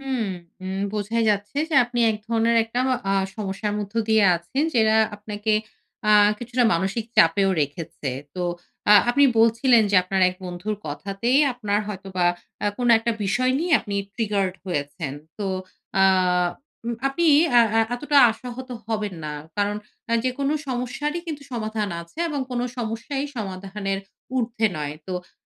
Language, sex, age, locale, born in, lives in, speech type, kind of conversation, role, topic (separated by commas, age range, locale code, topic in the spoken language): Bengali, female, 35-39, bn-BD, Bangladesh, Finland, conversation, advice, advisor, লক্ষ্য নির্ধারণের সময় উচ্চাকাঙ্ক্ষা ও ধৈর্য কীভাবে সমন্বয় করবেন?